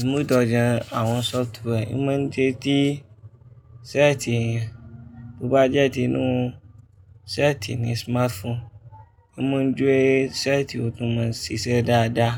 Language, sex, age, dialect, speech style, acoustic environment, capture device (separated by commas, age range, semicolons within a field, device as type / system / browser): Yoruba, male, 25-34, Nigeria - Kano, focused, people talking; traffic; music; appliances, mobile / Linux / Chrome